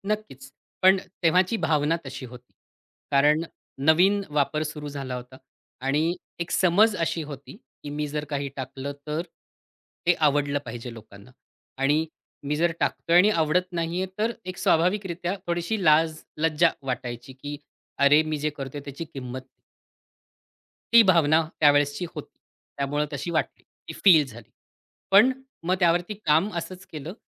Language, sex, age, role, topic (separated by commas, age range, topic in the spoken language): Marathi, male, 40-44, guest, सोशल मीडियाने तुझ्या आत्मसम्मानावर नेमका कसा परिणाम केला, हे सांगशील का?